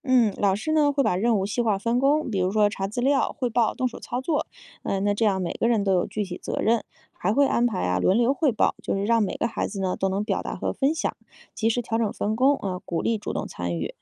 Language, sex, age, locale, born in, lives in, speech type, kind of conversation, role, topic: Chinese, female, 35-39, zh-CN, China, United States, read, expert-assistant, expert, 如果你来设计一个理想的学校课程安排，你会怎么组织不同的科目和活动？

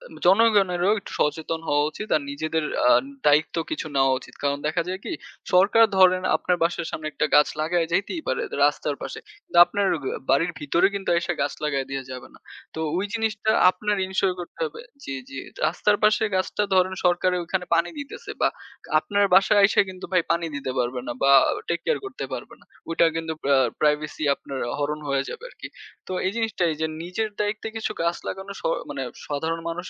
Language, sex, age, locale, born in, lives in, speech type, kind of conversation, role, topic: Bengali, male, 20-24, bn-BD, Bangladesh, Bangladesh, conversation, unstructured, none, গাছ কাটা বন্ধ করা কেন জরুরি বলে আপনি মনে করেন?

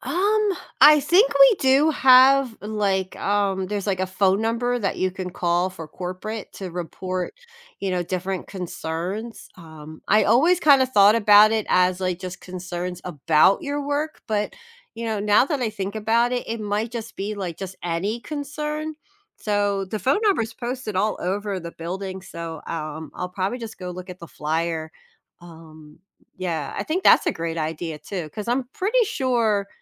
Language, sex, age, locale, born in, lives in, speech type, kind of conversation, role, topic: English, female, 45-49, en-US, United States, United States, conversation, advice, user, How should I respond when I witness someone being bullied?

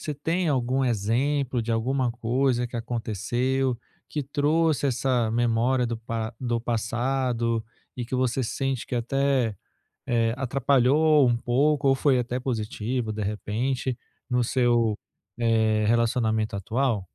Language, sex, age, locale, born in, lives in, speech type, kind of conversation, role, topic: Portuguese, male, 35-39, pt-BR, Brazil, France, conversation, advice, advisor, Como posso equilibrar as lembranças do passado com o meu novo relacionamento?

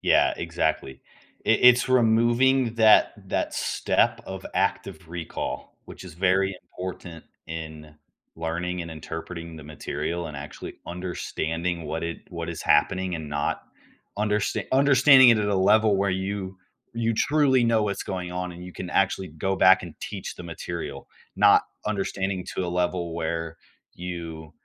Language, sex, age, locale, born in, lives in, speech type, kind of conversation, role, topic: English, male, 18-19, en-US, United States, United States, conversation, podcast, guest, In what ways is technology transforming the way we teach and learn?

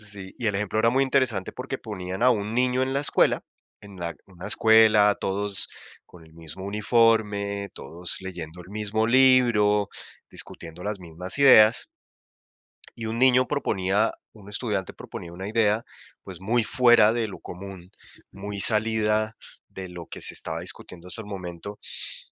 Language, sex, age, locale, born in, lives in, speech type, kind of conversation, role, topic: Spanish, male, 45-49, es-CO, Colombia, United States, conversation, unstructured, none, ¿Crees que la sociedad limita la forma en que expresamos nuestra identidad?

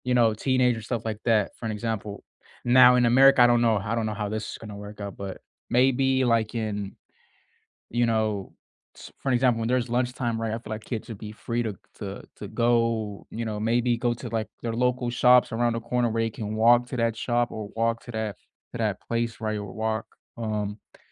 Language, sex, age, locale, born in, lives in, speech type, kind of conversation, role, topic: English, male, 20-24, en-US, United States, United States, conversation, unstructured, none, If you could redesign school, what would your ideal day look like to foster connection?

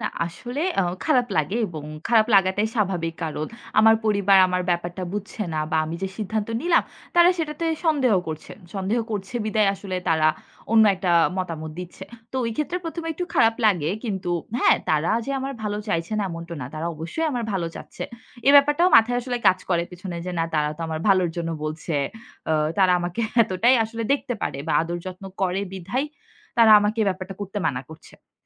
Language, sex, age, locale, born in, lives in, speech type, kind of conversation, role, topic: Bengali, female, 25-29, bn-BD, Bangladesh, Bangladesh, conversation, podcast, guest, পারিবারিক চাপের মধ্যে আপনি কীভাবে নিজের সীমানা বজায় রাখেন?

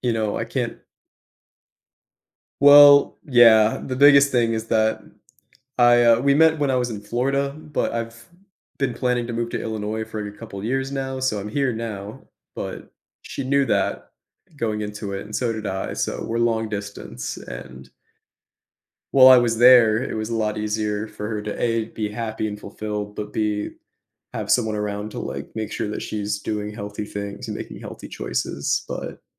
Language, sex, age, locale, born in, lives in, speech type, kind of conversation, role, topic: English, male, 25-29, en-US, United States, United States, conversation, advice, user, How can I communicate my feelings of being ignored and unappreciated in my relationship?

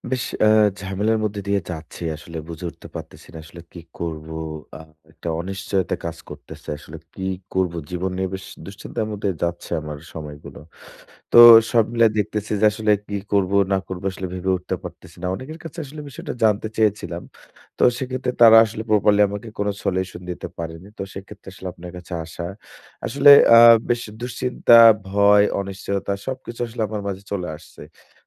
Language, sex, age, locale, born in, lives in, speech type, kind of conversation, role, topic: Bengali, male, 25-29, bn-BD, Bangladesh, Bangladesh, conversation, advice, user, ভয় ও অনিশ্চয়তা কাটিয়ে নতুন শখ শুরু করতে আমি কী করতে পারি?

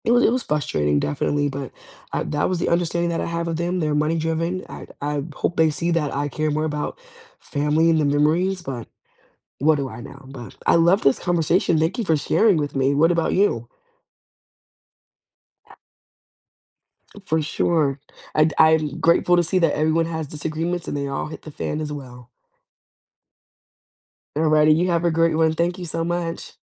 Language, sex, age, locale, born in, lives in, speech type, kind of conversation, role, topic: English, female, 20-24, en-US, United States, United States, conversation, unstructured, none, What do you do when family disagreements start to feel overwhelming?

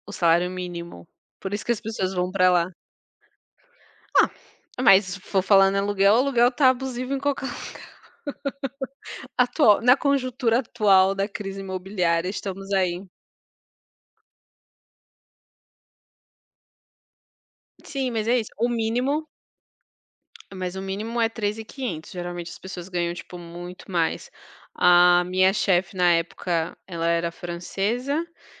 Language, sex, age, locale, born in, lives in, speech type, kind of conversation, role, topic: Portuguese, female, 30-34, pt-BR, Brazil, France, conversation, unstructured, none, O que mais te surpreende quando você conhece uma nova cultura?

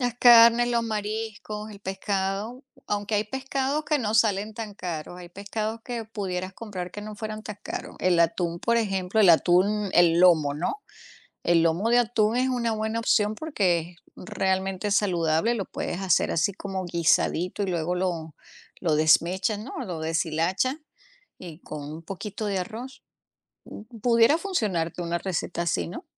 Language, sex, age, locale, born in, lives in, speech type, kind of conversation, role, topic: Spanish, female, 50-54, es-US, Venezuela, United States, conversation, podcast, host, ¿Cómo mantener hábitos saludables con poco presupuesto?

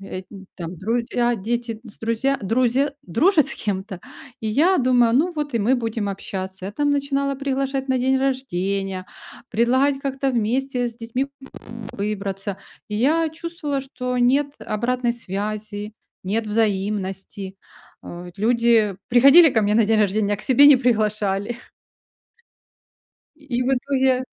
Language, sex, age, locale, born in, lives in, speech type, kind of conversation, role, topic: Russian, female, 45-49, ru-RU, Ukraine, Spain, conversation, advice, user, Как справиться с постоянной тревогой перед важными делами, из-за которой трудно начать работу?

